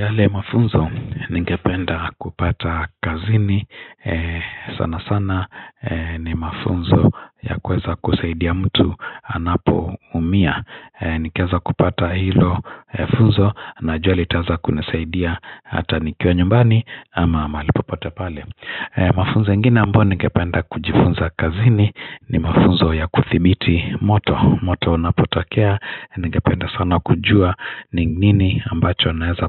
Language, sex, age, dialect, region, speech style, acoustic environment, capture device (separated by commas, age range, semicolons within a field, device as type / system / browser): Swahili, male, 45-54, Kenya - Nairobi Sheng-influenced, Kenya, happy; relaxed, nature; silence, mobile / Linux / Chrome